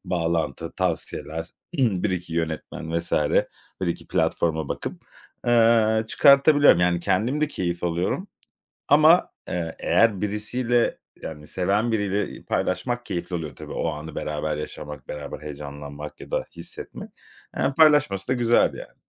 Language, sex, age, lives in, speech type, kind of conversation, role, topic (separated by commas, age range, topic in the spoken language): Turkish, male, 35-39, Spain, conversation, podcast, guest, Hangi film türleri seni daha çok cezbediyor ve neden?